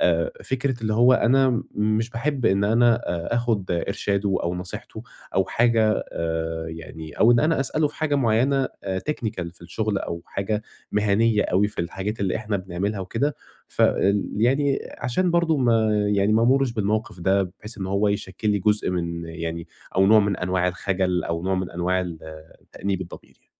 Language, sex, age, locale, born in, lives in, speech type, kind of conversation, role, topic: Arabic, male, 20-24, ar-EG, Egypt, Egypt, conversation, advice, user, إزاي أطلب إرشاد مهني من غير ما أحسّ بالكسوف في الشغل؟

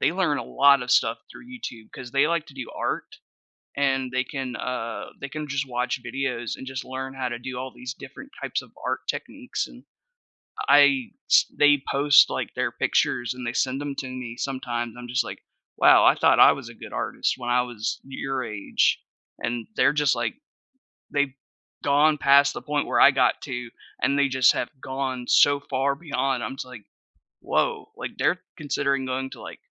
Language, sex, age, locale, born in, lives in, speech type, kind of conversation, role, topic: English, male, 30-34, en-US, United States, United States, conversation, podcast, guest, In what ways is technology changing the way we learn and engage with education?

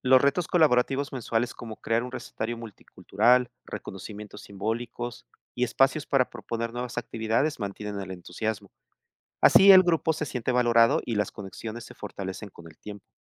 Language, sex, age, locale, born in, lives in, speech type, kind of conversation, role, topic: Spanish, male, 55-59, es-MX, Mexico, Mexico, read, expert-assistant, expert, ¿Qué formas creativas podríamos usar para que las personas de diferentes culturas se conozcan mejor en la ciudad?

